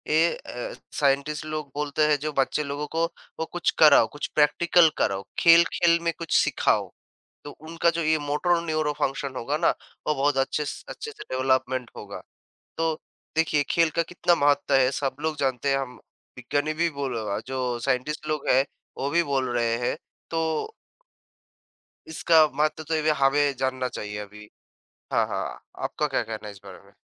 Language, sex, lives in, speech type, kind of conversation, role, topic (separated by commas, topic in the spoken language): Hindi, male, India, conversation, unstructured, none, आपके हिसाब से खेल किस तरह जीवन को बदल सकते हैं?